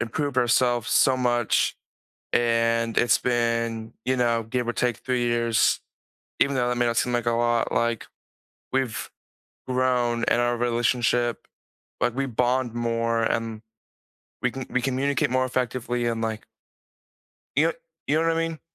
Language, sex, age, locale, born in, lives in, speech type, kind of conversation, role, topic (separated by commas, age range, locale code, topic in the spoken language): English, male, 18-19, en-US, United States, United States, conversation, unstructured, none, How can you balance personal space and shared time to strengthen your connection?